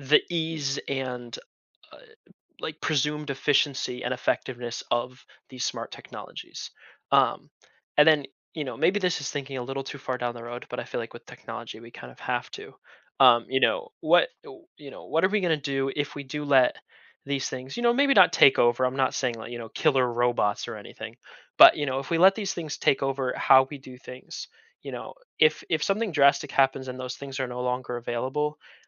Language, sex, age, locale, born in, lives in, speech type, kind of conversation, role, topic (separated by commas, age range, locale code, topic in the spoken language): English, male, 20-24, en-US, United States, United States, conversation, podcast, guest, How is technology shaping our efforts to create a more sustainable future?